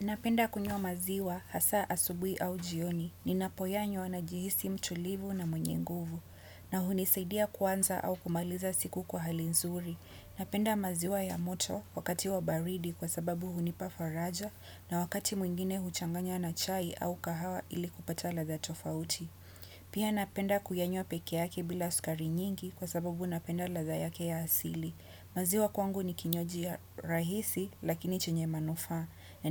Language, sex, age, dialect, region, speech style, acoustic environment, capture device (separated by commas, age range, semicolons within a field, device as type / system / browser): Swahili, female, 25-34, Kenya - Mombasa, Kenya, happy, nature; people talking; construction; appliances; music; silence; traffic, desktop / Windows / Chrome